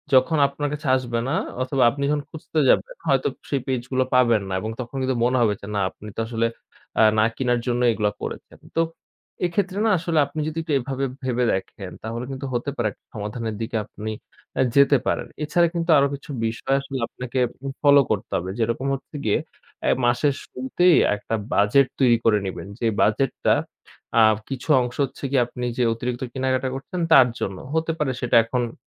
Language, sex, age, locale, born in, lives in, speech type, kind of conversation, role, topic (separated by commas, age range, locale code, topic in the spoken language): Bengali, male, 20-24, bn-BD, Bangladesh, Bangladesh, conversation, advice, advisor, বাড়িতে অতিরিক্ত জিনিস জমে অগোছালো হয়ে যাওয়ায় আপনি কতটা বিরক্ত বোধ করছেন?